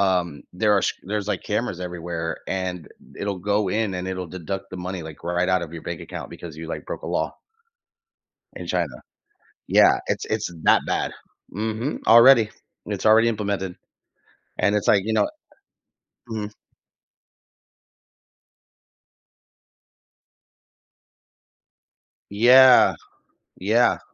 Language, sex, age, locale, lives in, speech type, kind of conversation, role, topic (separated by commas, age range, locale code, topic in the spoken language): English, male, 30-34, en-US, United States, conversation, unstructured, none, How do you think facial recognition technology will impact our privacy and daily lives?